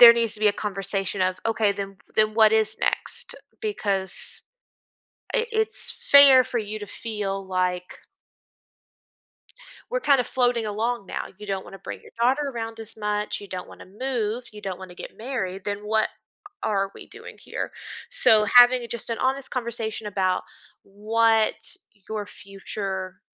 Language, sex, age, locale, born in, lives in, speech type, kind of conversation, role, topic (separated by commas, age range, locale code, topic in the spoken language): English, female, 30-34, en-US, United States, United States, conversation, advice, advisor, How can I cope with feeling disgusted by my partner's dishonesty?